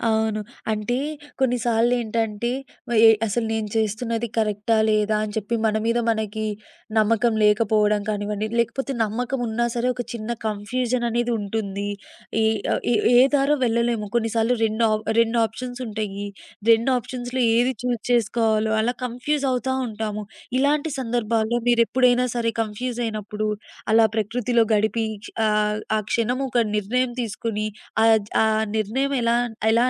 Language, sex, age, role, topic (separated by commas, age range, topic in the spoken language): Telugu, female, 20-24, host, ప్రకృతిలో గడిపిన ఒక్క క్షణం మీ జీవితాన్ని మార్చిందా?